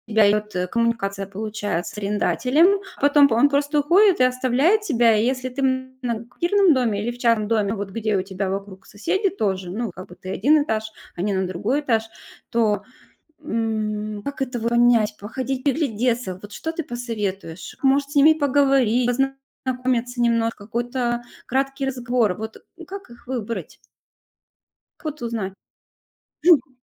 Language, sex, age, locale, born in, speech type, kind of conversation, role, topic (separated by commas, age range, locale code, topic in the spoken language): Russian, female, 40-44, ru-RU, Russia, conversation, podcast, host, Что для вас значит быть хорошим соседом?